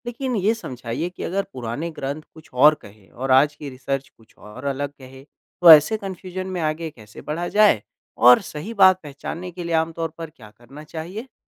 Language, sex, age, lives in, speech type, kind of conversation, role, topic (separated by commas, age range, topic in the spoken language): Hindi, male, 25-29, India, read, expert-assistant, asker, ऑनलाइन स्रोतों की जानकारी में भेद होने पर सही तथ्य कैसे पहचानें?